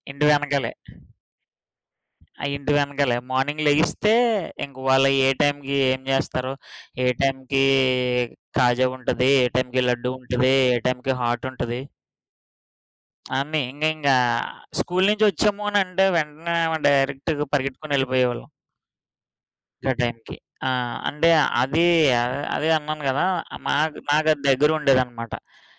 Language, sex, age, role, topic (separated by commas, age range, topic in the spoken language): Telugu, male, 30-34, guest, మీ చిన్నప్పటిలో మీకు అత్యంత ఇష్టమైన ఆహారం ఏది?